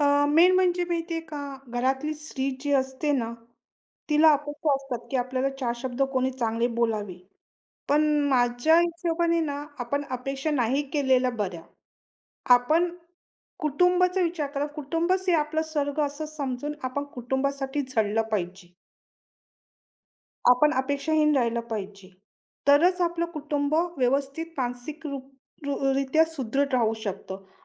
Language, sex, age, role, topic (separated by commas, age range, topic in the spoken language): Marathi, female, 45-49, guest, कुटुंबात मानसिक आरोग्याबद्दल मोकळेपणाने संवाद कसा साधाल?